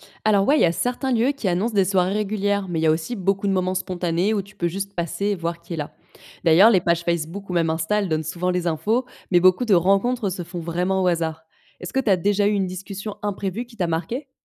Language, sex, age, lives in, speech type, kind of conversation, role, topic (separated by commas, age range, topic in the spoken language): French, female, 25-29, France, read, expert-assistant, expert, Tu connais un endroit sympa où on peut échanger avec des gens venus de cultures différentes pour mieux comprendre leurs habitudes ?